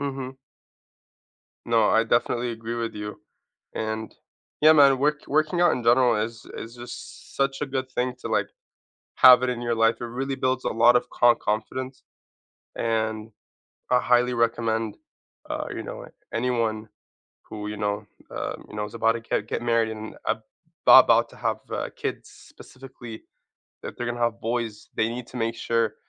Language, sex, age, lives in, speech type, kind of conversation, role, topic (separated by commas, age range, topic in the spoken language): English, male, 25-29, United States, conversation, unstructured, none, How do you think exercise affects your energy levels?